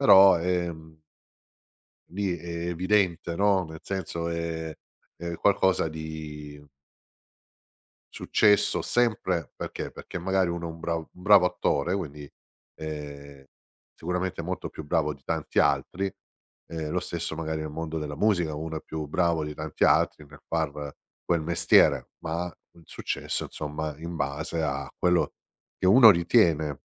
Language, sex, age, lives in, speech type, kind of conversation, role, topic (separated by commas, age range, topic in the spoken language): Italian, male, 50-54, Italy, conversation, podcast, guest, Come definiresti il successo nella vita con parole semplici?